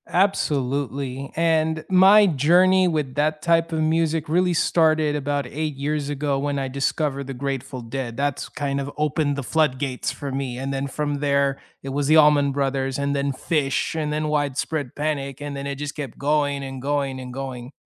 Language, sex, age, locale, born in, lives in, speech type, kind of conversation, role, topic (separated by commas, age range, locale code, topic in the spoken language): English, male, 30-34, en-US, United States, United States, conversation, unstructured, none, Which songs or genres instantly lift your mood, and what memories or moments make them special?